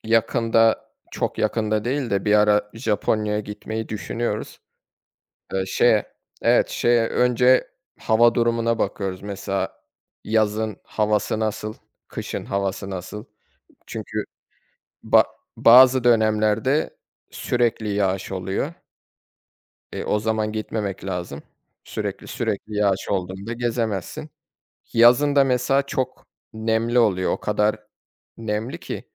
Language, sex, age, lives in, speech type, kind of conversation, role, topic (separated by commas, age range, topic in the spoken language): Turkish, male, 25-29, Germany, conversation, unstructured, none, Hangi seyahat deneyimi seni en çok hayal kırıklığına uğrattı?